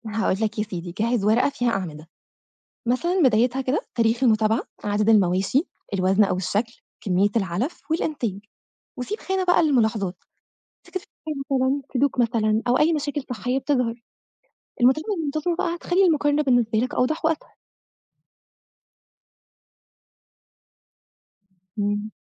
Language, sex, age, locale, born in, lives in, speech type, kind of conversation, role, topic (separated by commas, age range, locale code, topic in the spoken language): Arabic, female, 25-29, ar-EG, Egypt, Egypt, read, expert-assistant, expert, ليه فيه اختلاف كبير في نصايح إدارة مزارع المواشي بين الخبراء، وخصوصًا في موضوع استخدام الأعلاف المركبة مقابل الأعلاف الطبيعية؟